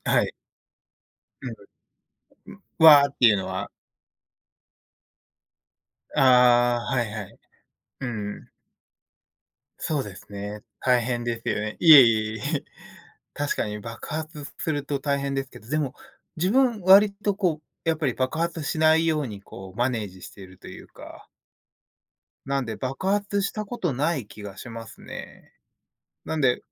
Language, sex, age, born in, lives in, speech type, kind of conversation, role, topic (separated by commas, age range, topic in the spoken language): Japanese, male, 30-34, Japan, United States, conversation, unstructured, none, 最近、ストレスを感じたときはどうしていますか？